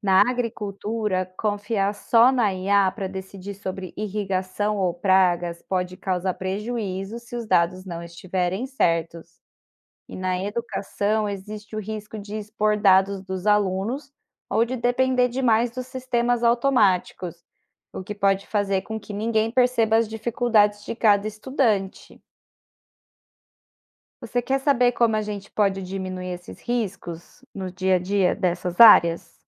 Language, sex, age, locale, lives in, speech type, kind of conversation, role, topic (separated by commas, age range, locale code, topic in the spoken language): Portuguese, female, 30-34, pt-BR, Spain, read, expert-assistant, expert, Como a inteligência artificial pode transformar o mercado de trabalho